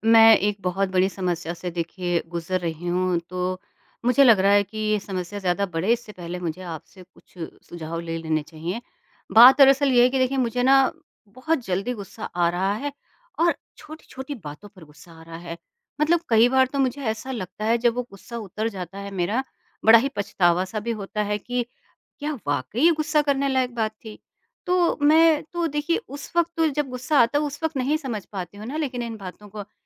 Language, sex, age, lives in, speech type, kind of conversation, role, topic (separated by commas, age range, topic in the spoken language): Hindi, female, 50-54, India, conversation, advice, user, आपको छोटी-छोटी बातों पर बहुत जल्दी गुस्सा क्यों आ जाता है?